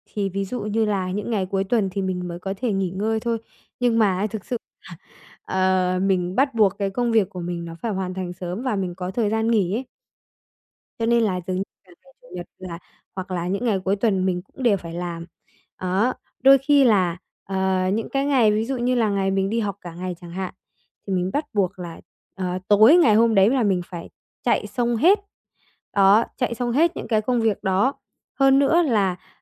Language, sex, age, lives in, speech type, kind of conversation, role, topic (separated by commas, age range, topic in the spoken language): Vietnamese, female, 45-49, Vietnam, conversation, advice, user, Làm sao để vượt qua cảm giác kiệt sức mà vẫn phải hoàn thành công việc đúng hạn?